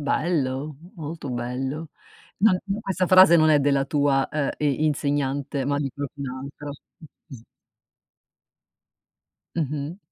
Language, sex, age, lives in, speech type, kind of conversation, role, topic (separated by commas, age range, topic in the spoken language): Italian, female, 50-54, United States, conversation, podcast, host, Parla di una persona che ti ha davvero ispirato, sai?